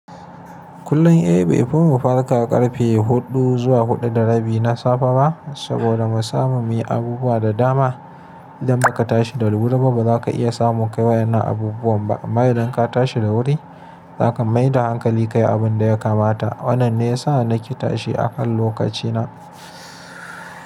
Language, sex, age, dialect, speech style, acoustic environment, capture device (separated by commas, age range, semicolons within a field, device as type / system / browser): Hausa, male, 25-34, Nigeria - Kano, happy, nature; silence, mobile / Linux / Chrome